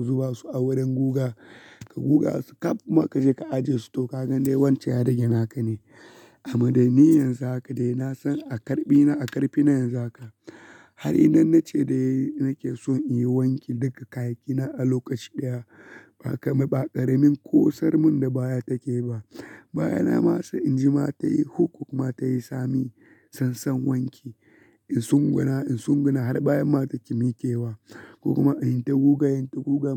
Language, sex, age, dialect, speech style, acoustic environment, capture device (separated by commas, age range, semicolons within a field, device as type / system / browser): Hausa, male, 25-34, Nigeria - Kano, relaxed; focused, silence; nature, mobile / Linux / Chrome